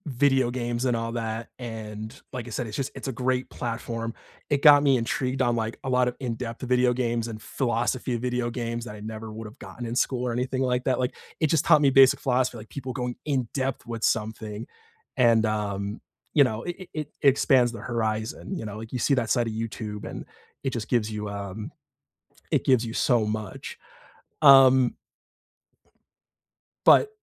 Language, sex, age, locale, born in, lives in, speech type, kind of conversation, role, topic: English, male, 30-34, en-US, United States, United States, conversation, unstructured, none, How do you use podcasts, YouTube, and everyday curiosity to learn outside school?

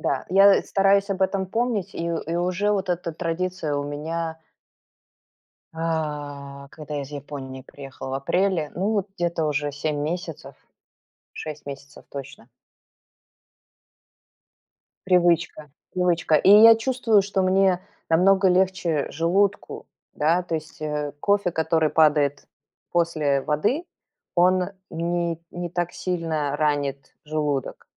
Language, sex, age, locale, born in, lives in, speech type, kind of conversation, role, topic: Russian, female, 45-49, ru-RU, Belarus, United States, conversation, podcast, guest, Как у вас проходит утренний ритуал с чаем или кофе?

